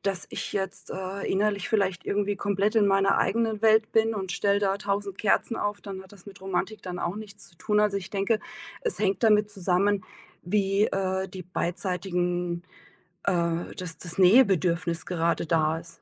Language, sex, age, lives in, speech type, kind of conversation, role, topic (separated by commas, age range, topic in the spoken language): German, female, 45-49, Germany, conversation, podcast, guest, Wie kann man Romantik im Alltag lebendig halten?